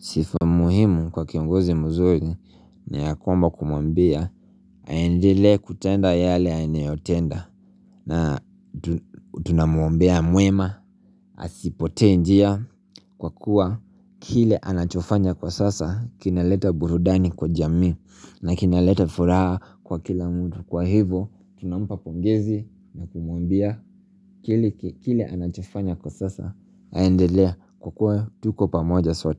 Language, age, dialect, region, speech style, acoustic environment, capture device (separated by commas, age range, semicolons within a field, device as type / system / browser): Swahili, 25-34, Kenya - Nairobi Sheng-influenced, Kenya, relaxed, nature, mobile / Linux / Chrome